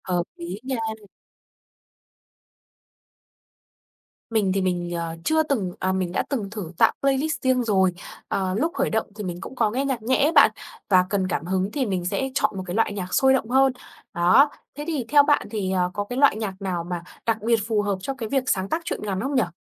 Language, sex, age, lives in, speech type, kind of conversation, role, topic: Vietnamese, female, 20-24, Vietnam, read, expert-assistant, asker, Làm sao cân bằng giữa cảm hứng sáng tác và áp lực thời gian/kế hoạch xuất bản khi viết truyện hoặc tiểu thuyết?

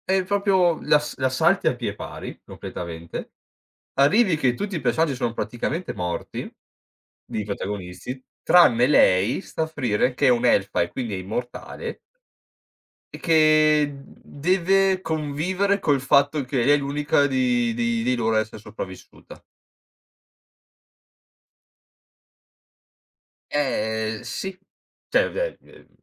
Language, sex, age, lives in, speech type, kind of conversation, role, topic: Italian, male, 30-34, Italy, conversation, podcast, guest, Quando una trama ti prende, che cosa ti cattura subito?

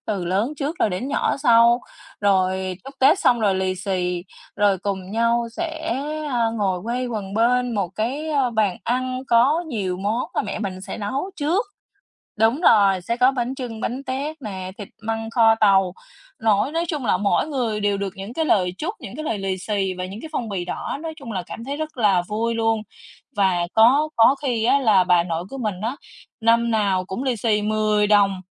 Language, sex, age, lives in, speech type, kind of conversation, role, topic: Vietnamese, female, 35-39, Vietnam, conversation, unstructured, none, Bạn có kỷ niệm đáng nhớ nào về Tết không?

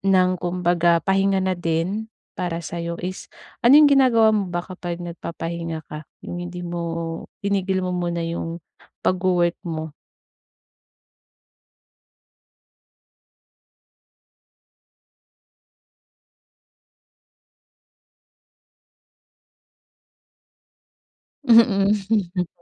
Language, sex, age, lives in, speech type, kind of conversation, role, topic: Filipino, female, 25-29, Philippines, conversation, advice, advisor, Paano ko maisasama ang mga libangan sa abalang araw-araw ko?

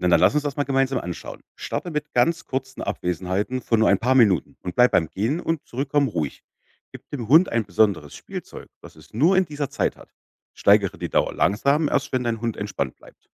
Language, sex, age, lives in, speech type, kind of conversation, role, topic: German, male, 35-39, Germany, read, expert-assistant, expert, Ist ein ruhiger Hund oder eine unabhängige Katze besser für jemanden, der oft arbeitet?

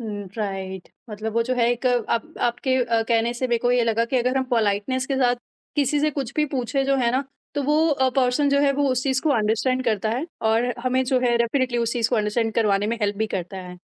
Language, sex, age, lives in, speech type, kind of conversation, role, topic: Hindi, female, 25-29, India, conversation, podcast, host, Tum jab kisi baat par disagree karte ho, tab respect kaise maintain karte ho?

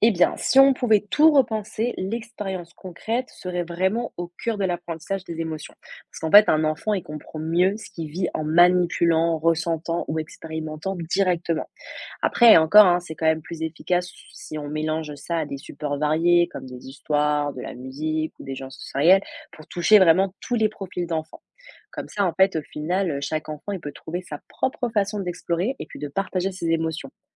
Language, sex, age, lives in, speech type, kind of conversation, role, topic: French, female, 20-24, France, read, expert-assistant, expert, Si tu pouvais réinventer la façon dont les enfants apprennent à gérer leurs émotions, tu ferais comment ?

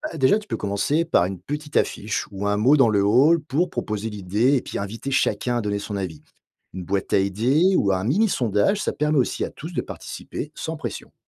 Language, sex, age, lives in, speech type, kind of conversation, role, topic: French, male, 45-49, France, read, expert-assistant, expert, Quelles idées originales pourrait-on tester pour créer plus de solidarité entre voisins ?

